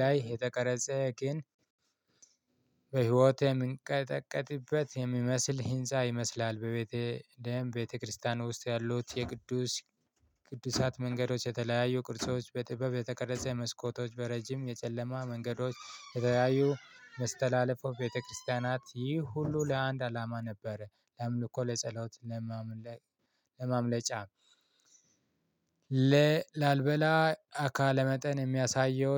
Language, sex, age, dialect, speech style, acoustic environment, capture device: Amharic, male, 25-34, Ethiopia - Addis Ababa, excited, nature, mobile / Linux / Chrome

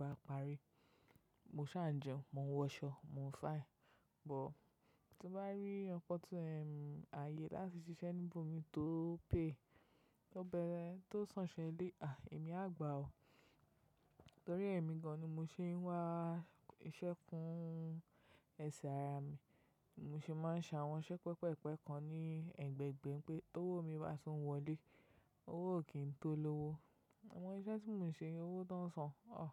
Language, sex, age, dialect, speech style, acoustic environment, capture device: Yoruba, female, 25-34, Nigeria - Lagos Yoruba, relaxed, silence; nature, mobile / macOS / Safari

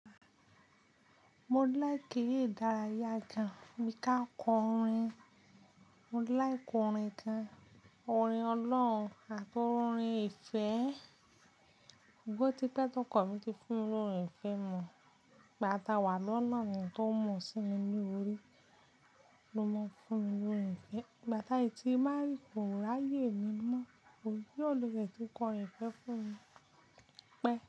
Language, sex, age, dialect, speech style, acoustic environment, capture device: Yoruba, female, 25-34, Nigeria - Ibadan, focused, nature, mobile / Linux / Chrome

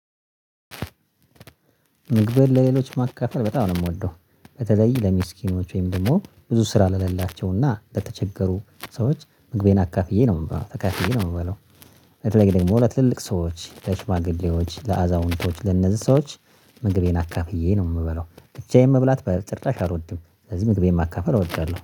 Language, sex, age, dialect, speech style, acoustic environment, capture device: Amharic, male, 25-34, Ethiopia - Addis Ababa, happy, nature, mobile / Linux / Chrome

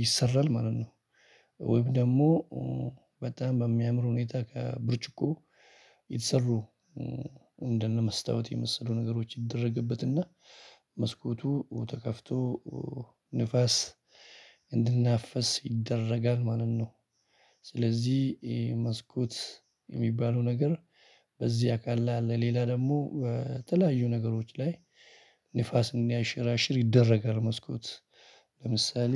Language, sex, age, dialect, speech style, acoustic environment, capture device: Amharic, male, 35-44, Ethiopia - Addis Ababa, excited, people talking; music; appliances, mobile / Linux / Chrome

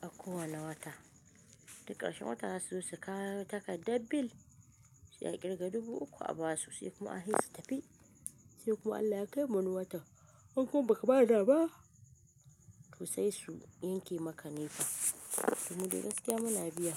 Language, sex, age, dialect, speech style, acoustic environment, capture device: Hausa, female, 25-34, Nigeria - Kano, relaxed, nature, mobile / Linux / Chrome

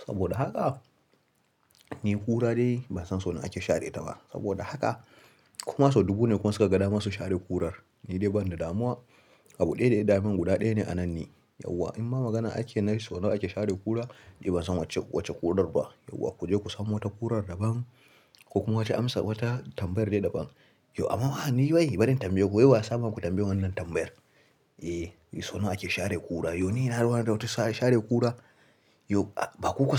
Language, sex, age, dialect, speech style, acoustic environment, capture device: Hausa, male, 18-24, Nigeria - Kano, happy, silence, mobile / Linux / Chrome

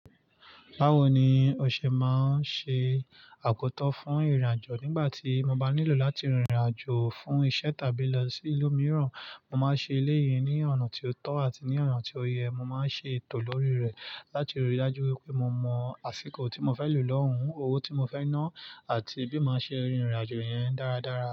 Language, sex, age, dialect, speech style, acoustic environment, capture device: Yoruba, male, 25-34, Nigeria - Nigerian Standard, focused, nature, mobile / Linux / Chrome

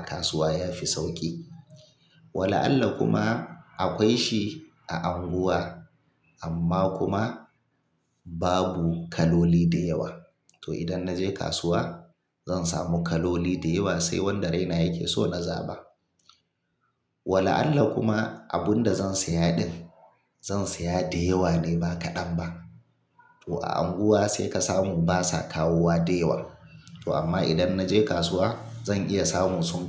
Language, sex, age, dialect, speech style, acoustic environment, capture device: Hausa, male, 35-44, Nigeria - Kano, relaxed; focused, silence, mobile / Linux / Chrome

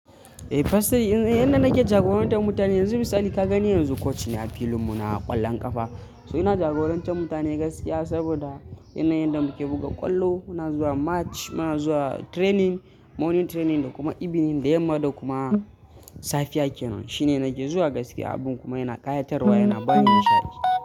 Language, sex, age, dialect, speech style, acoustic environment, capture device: Hausa, male, 18-24, Nigeria - Kano, happy, people talking, mobile / Linux / Chrome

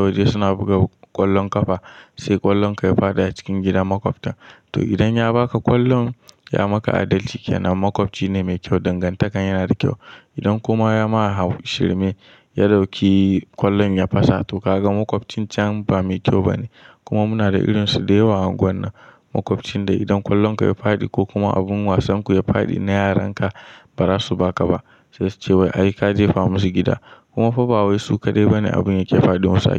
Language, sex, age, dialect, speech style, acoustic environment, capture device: Hausa, male, 18-24, Nigeria - Kano, anxious; happy, nature, mobile / Linux / Chrome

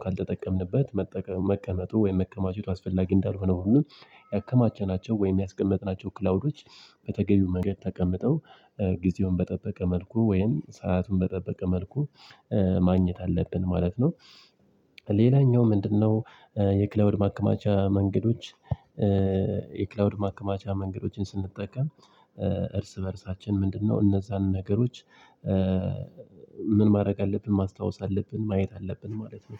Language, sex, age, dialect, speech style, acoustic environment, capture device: Amharic, male, 25-34, Ethiopia - Addis Ababa, happy, nature, mobile / Linux / Chrome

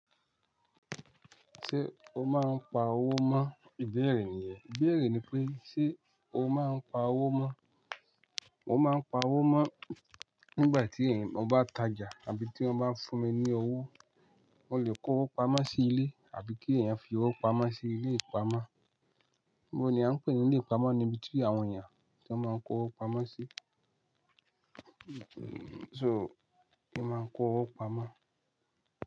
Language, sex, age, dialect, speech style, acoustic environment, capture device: Yoruba, male, 25-34, Nigeria - Nigerian Standard, happy; anxious; focused; excited; relaxed, nature; silence; music, mobile / Linux / Chrome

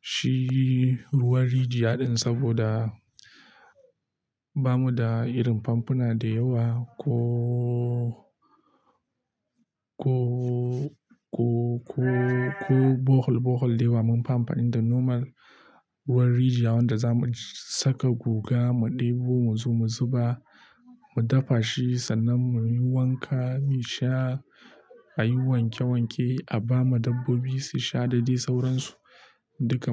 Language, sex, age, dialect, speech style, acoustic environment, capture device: Hausa, male, 25-34, Nigeria - Nigerian Standard, happy, silence, mobile / Linux / Chrome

